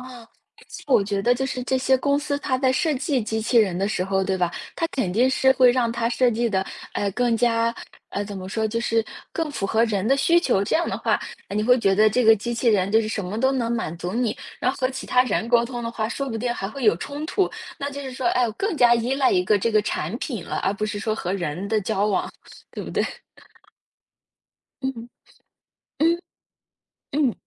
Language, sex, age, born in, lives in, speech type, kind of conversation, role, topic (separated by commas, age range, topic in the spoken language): Chinese, female, 25-29, China, United States, conversation, unstructured, none, 你如何看待未来机器人走进家庭生活？